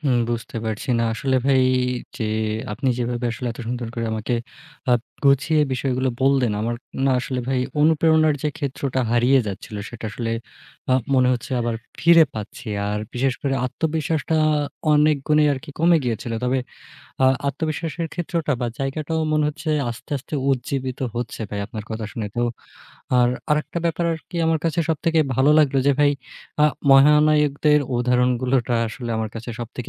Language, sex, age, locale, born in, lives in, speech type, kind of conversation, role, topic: Bengali, male, 20-24, bn-BD, Bangladesh, Bangladesh, conversation, advice, user, আপনি কি আপনার সৃজনশীল কাজের তীব্র সমালোচনা পাচ্ছেন?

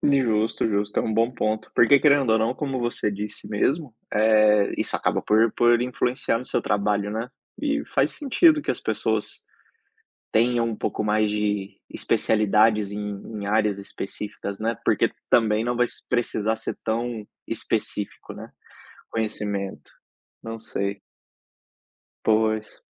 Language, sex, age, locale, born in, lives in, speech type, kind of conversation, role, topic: Portuguese, male, 30-34, pt-BR, Brazil, Spain, conversation, unstructured, none, Como você imagina sua carreira daqui a dez anos?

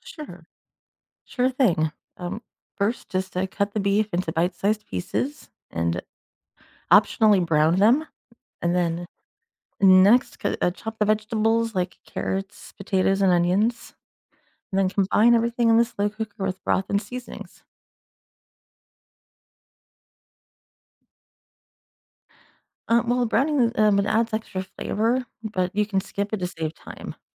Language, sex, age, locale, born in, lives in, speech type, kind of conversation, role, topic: English, female, 40-44, en-US, United States, United States, read, expert-assistant, expert, How to cook beef stew in a slow cooker?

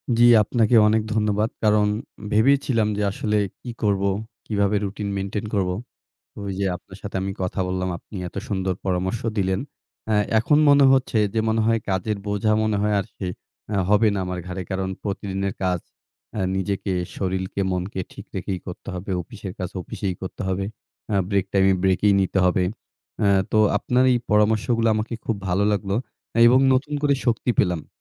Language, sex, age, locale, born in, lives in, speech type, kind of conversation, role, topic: Bengali, male, 25-29, bn-BD, Bangladesh, Bangladesh, conversation, advice, user, প্রতিদিন কাজ জমে যায়, সময়মতো শেষ করতে পারি না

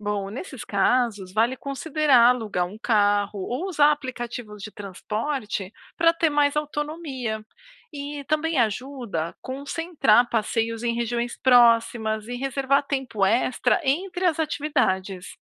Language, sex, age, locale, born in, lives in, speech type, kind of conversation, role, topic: Portuguese, female, 40-44, pt-BR, Brazil, United States, read, expert-assistant, expert, Como identificar se estou sendo realista ou otimista demais na hora de planejar os passeios e trajetos de uma viagem?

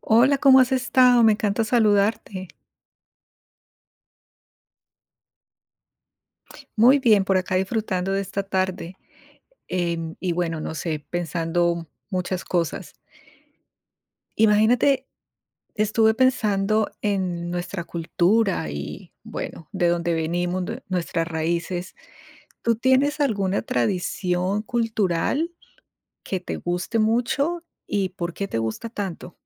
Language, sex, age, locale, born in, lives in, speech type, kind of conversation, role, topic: Spanish, female, 50-54, es-CO, Colombia, United States, conversation, unstructured, none, ¿Cuál es tu tradición cultural favorita y por qué?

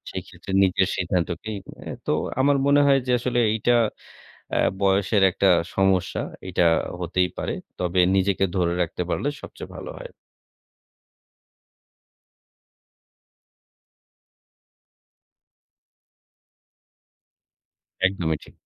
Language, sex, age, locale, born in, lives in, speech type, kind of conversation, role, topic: Bengali, male, 30-34, bn-BD, Bangladesh, Bangladesh, conversation, podcast, guest, কোন ছোট অভ্যাস আপনার মনোভাবকে সবচেয়ে বেশি বদলে দিয়েছে?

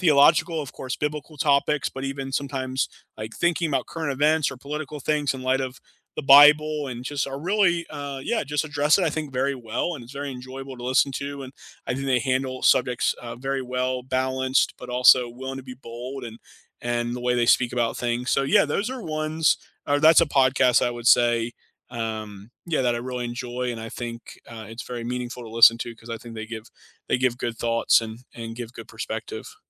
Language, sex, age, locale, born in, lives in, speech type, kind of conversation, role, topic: English, male, 30-34, en-US, United States, United States, conversation, unstructured, none, Which hidden-gem podcasts or YouTube channels do you binge, and what keeps you hooked?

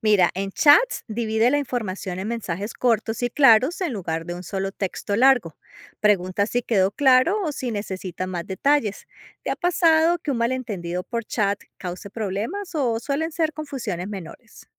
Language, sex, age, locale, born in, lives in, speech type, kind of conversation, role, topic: Spanish, female, 50-54, es-CO, Colombia, United States, read, expert-assistant, expert, ¿Qué puedo hacer para evitar malentendidos cuando hablo con otras personas?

